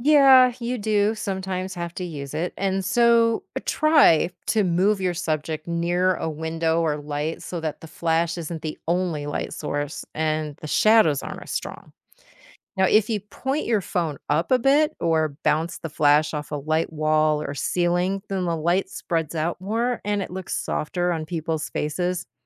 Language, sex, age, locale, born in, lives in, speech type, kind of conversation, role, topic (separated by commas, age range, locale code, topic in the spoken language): English, female, 50-54, en-US, United States, United States, read, expert-assistant, expert, How does a camera capture and store images?